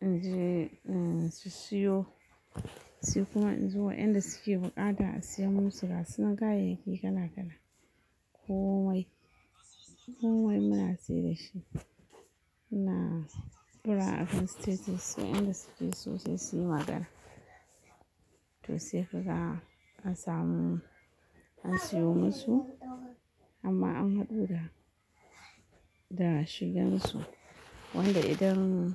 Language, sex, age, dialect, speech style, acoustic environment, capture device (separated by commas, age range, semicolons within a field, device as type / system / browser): Hausa, female, 35-44, Nigeria - Kano, happy, nature, mobile / Linux / Chrome